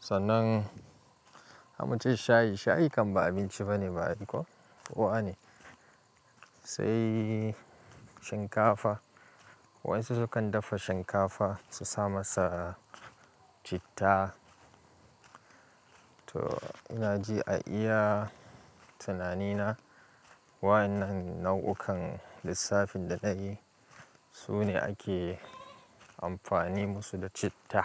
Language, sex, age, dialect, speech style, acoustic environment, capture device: Hausa, male, 25-34, Nigeria - Kano, relaxed; focused, silence, mobile / Linux / Chrome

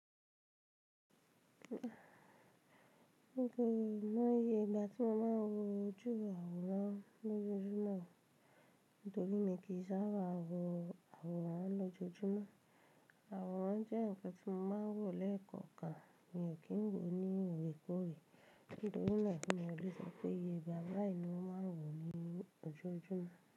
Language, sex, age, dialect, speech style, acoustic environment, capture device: Yoruba, female, 25-34, Nigeria - Ibadan, relaxed, silence, mobile / Linux / Chrome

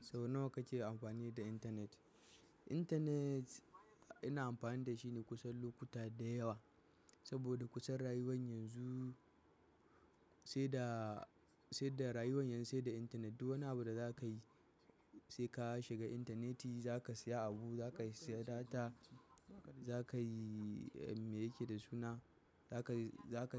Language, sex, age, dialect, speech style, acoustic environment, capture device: Hausa, male, 18-24, Nigeria - Kano, focused, appliances, mobile / macOS / Safari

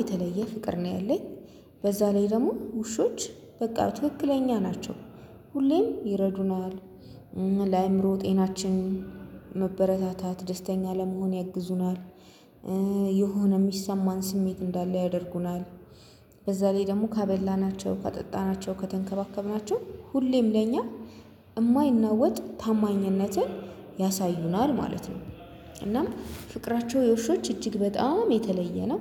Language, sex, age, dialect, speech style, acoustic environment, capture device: Amharic, female, 25-34, Ethiopia - Addis Ababa, happy, people talking, mobile / Linux / Chrome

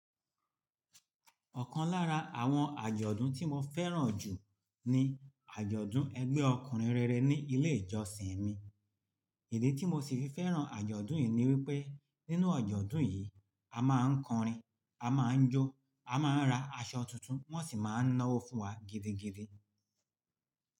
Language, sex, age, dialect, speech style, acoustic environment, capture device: Yoruba, male, 45-54, Nigeria - Lagos Yoruba, relaxed, people talking; appliances; nature, mobile / Linux / Chrome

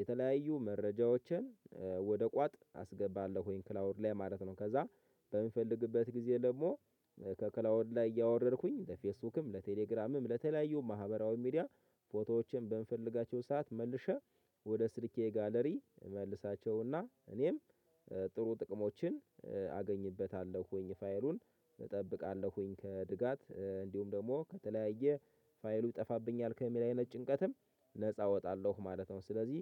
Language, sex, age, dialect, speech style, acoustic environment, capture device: Amharic, male, 25-34, Ethiopia - Gojjam, happy, silence, mobile / Linux / Chrome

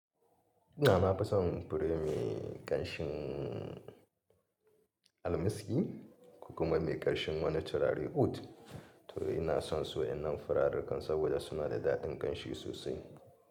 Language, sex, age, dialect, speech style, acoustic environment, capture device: Hausa, male, 35-44, Nigeria - Kano, happy, people talking; appliances; nature, mobile / Linux / Chrome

